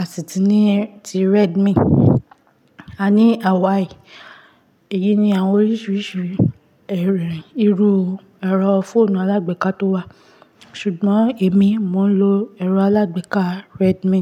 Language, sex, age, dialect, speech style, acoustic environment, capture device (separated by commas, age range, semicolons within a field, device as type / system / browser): Yoruba, female, 25-34, Nigeria - Ibadan, relaxed, silence, mobile / Linux / Chrome